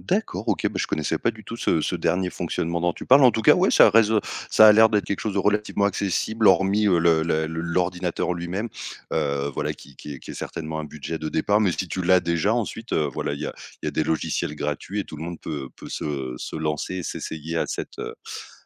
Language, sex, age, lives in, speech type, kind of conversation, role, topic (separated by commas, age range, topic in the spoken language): French, male, 30-34, France, conversation, podcast, host, Parle-moi de l’un de tes passe-temps préférés : comment as-tu commencé ?